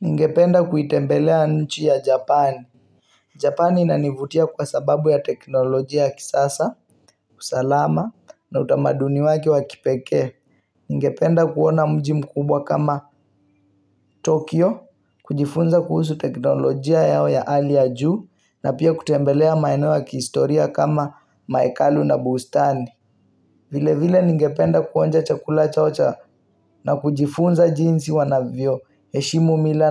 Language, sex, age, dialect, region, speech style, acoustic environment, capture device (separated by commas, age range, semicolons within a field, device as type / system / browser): Swahili, male, 18-24, Kenya - Mombasa, Kenya, relaxed, music, mobile / Linux / Chrome